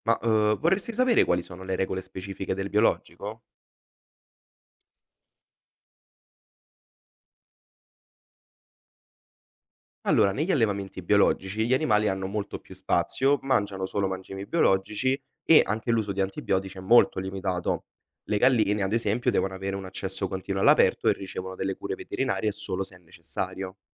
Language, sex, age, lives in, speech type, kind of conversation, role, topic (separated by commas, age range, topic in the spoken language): Italian, male, 20-24, Italy, read, expert-assistant, expert, Cosa vuol dire davvero 'allevare all'aperto' gli animali? Ci sono differenze rispetto ad altri modi di allevamento?